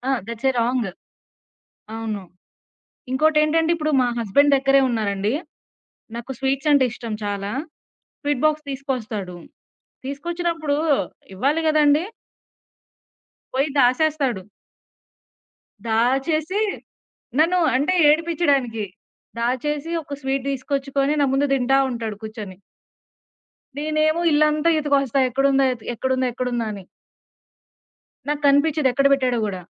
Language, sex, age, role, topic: Telugu, female, 20-24, guest, ఎవరైనా మీతో ఆహారం పంచుకున్నారా, ఆ అనుభవం మీకు ఎలా అనిపించింది?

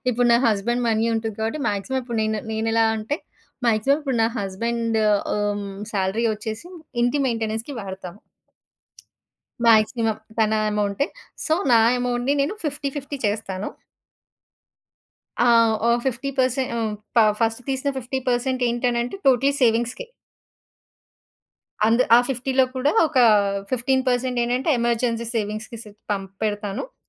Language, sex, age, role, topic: Telugu, female, 30-34, guest, డబ్బు విషయంలో మీరు చేసిన పొరపాట్లు మీకు ఏమి నేర్పించాయి?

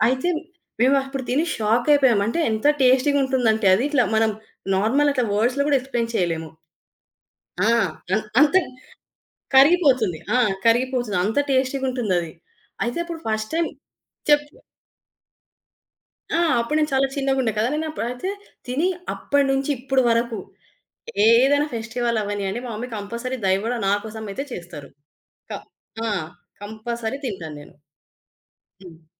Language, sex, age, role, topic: Telugu, female, 25-29, guest, చిన్నతనంలో ఆ వంటకం గురించి మీకు ఏమైనా మధురమైన జ్ఞాపకాలు ఉన్నాయా?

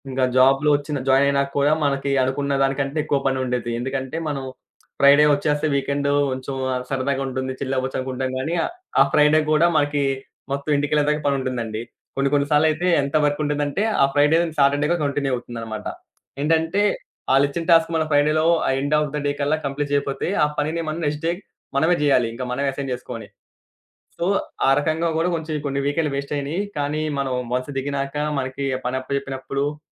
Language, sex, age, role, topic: Telugu, male, 20-24, guest, మీరు ఏ పని చేస్తూ ఉంటే మీకు నిజంగా ఆనందం కలుగుతుంది?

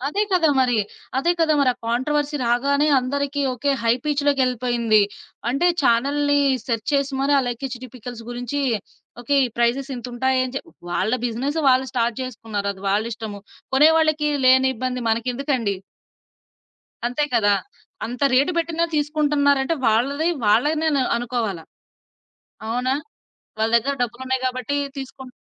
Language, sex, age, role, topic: Telugu, female, 20-24, guest, సోషల్ మీడియా మన సంస్కృతిని ఎలా మార్చిందని మీరు భావిస్తున్నారు?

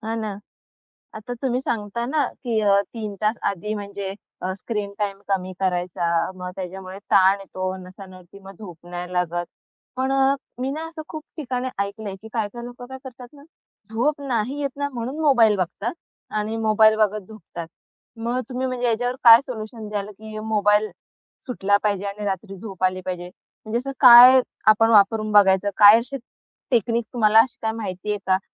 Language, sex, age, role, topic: Marathi, female, 25-29, host, रात्री झोपण्यापूर्वी तुमच्या घरात कोणती परंपरा पाळली जात असे?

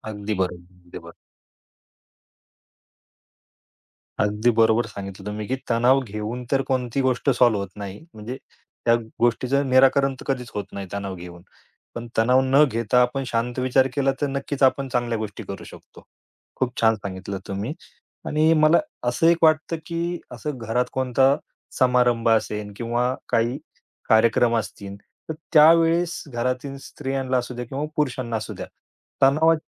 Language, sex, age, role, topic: Marathi, male, 35-39, host, तुम्हाला तणाव आला की तुम्ही सर्वप्रथम काय करता?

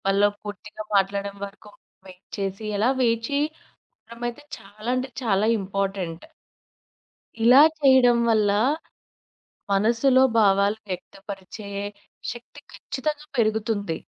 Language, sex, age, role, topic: Telugu, female, 25-29, guest, కుటుంబంలో మన మాటను వినకపోవడం సమస్యేనా?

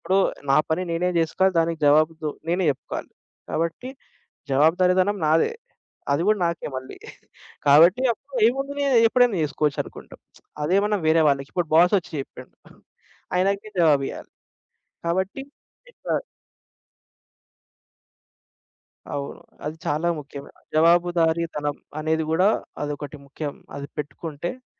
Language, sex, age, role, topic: Telugu, male, 25-29, guest, ఆలస్యం చేస్తూ పనిని వాయిదా వేసే అలవాటు వచ్చినప్పుడు మీరు ఏమి చేస్తారు?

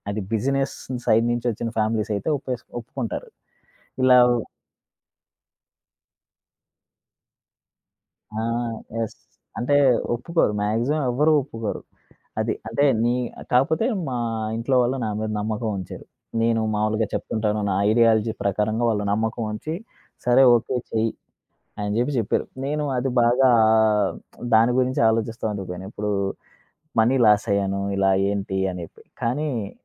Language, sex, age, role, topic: Telugu, male, 25-29, guest, ఒక పెద్ద విఫలం తర్వాత మీరు మళ్లీ మీ జీవితాన్ని ఎలా పునర్నిర్మించుకుంటారు?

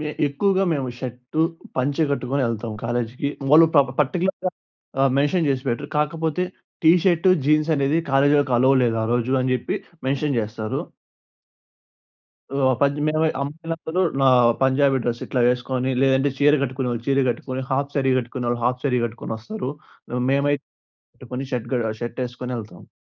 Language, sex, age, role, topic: Telugu, male, 20-24, guest, మీకు సంప్రదాయ దుస్తుల ప్రాముఖ్యత ఎంతగా అనిపిస్తుంది?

